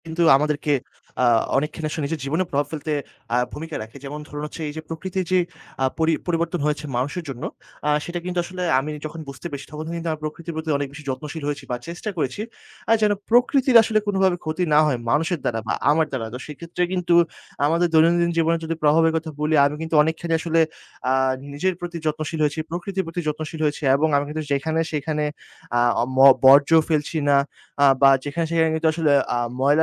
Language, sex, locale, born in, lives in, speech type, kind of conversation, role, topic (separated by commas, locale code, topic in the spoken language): Bengali, male, bn-BD, Bangladesh, Bangladesh, conversation, podcast, guest, কোনো ভ্রমণে প্রকৃতির প্রভাবে কি তোমার দৃষ্টিভঙ্গি বদলে গেছে?